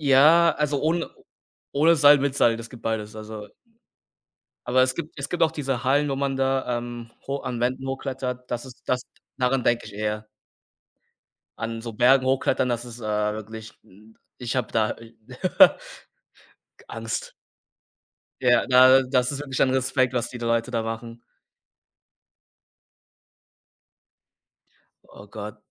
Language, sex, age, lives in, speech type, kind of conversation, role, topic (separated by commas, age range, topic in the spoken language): German, male, 20-24, Germany, conversation, unstructured, none, Wie hat dir Sport geholfen, dich besser zu fühlen?